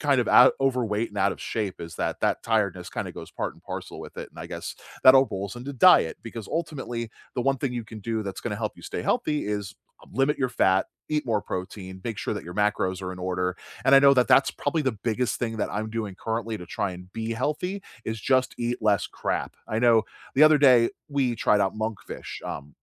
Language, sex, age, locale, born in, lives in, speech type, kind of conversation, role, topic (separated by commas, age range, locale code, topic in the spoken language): English, male, 35-39, en-US, United States, United States, conversation, unstructured, none, What is one activity you started doing that changed the way you think about staying healthy?